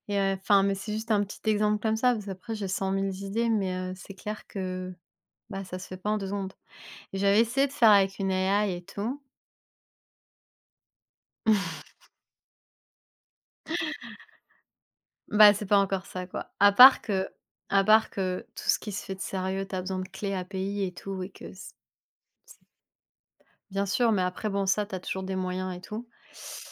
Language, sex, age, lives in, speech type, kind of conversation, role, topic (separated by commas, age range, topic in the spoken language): French, female, 30-34, France, conversation, unstructured, none, Quelle est ta chanson préférée en ce moment ?